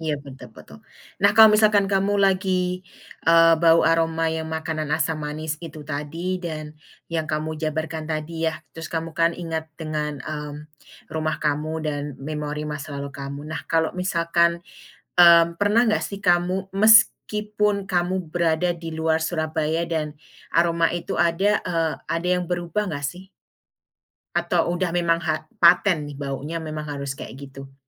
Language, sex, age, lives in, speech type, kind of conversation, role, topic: Indonesian, female, 35-39, United States, conversation, podcast, host, Rasa atau aroma apa yang langsung membuat kamu merasa seperti pulang ke rumah?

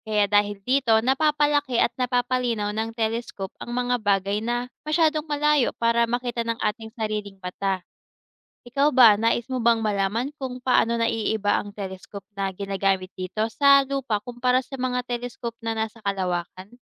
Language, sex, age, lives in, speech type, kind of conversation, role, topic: Filipino, female, 25-29, Philippines, read, expert-assistant, expert, Paano gumagana ang mga telescope sa pagtingin sa kalawakan?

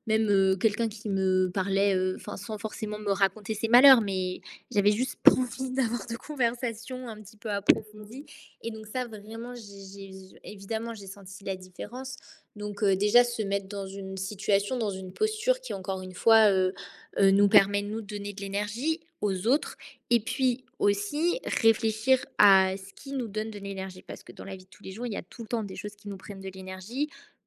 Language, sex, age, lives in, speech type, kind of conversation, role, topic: French, female, 20-24, France, conversation, podcast, guest, Comment fais-tu pour vraiment écouter quelqu’un ?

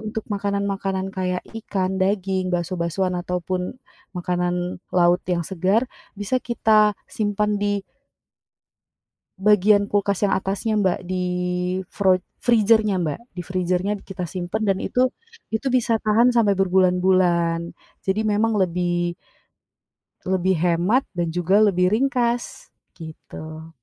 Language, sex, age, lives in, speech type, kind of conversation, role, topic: Indonesian, female, 25-29, Indonesia, conversation, podcast, guest, Apa saja tips belanja hemat tetapi tetap mendapatkan bahan makanan yang enak?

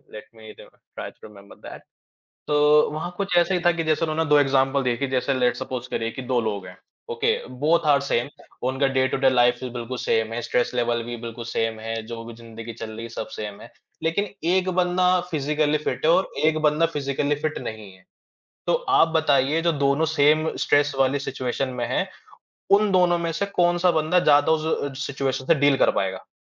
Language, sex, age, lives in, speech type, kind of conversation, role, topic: Hindi, male, 25-29, India, conversation, unstructured, none, आपकी रोज़मर्रा की ज़िंदगी में फिटनेस क्यों ज़रूरी है?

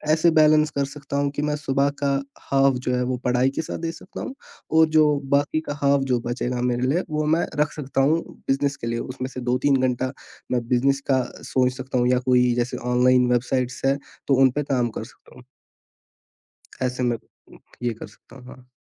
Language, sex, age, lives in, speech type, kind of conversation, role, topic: Hindi, male, 18-19, India, conversation, podcast, guest, Aap apne ghar walon ki ummeedon aur apne personal goals ko kaise balance karte hain?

